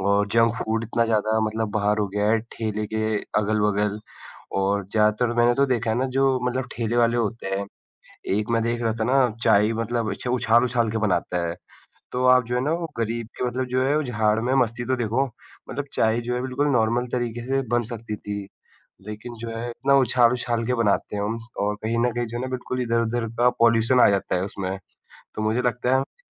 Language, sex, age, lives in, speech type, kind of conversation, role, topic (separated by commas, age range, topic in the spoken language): Hindi, male, 20-24, India, conversation, unstructured, none, आपके लिए एक अच्छा दिन कैसा होता है?